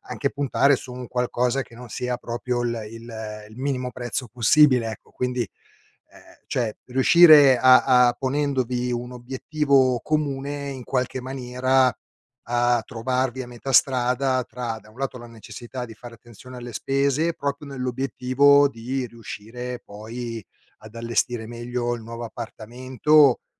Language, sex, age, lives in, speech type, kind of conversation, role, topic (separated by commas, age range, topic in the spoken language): Italian, male, 50-54, Italy, conversation, advice, advisor, Perché io e il mio/la mia partner litighiamo spesso per le spese quotidiane?